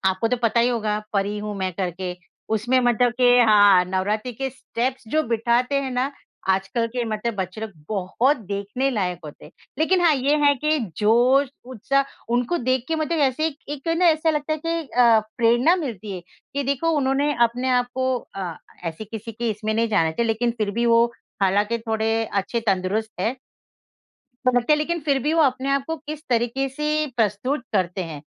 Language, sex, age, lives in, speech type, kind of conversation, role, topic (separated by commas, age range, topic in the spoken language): Hindi, female, 50-54, India, conversation, unstructured, none, आपको कौन-सा गाना बार-बार सुनना अच्छा लगता है?